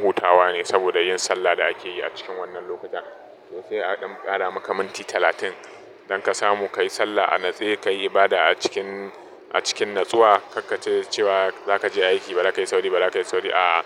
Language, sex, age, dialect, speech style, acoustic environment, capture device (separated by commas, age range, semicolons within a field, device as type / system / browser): Hausa, male, 25-34, Nigeria - Nigerian Standard, happy; focused, traffic, mobile / Linux / Chrome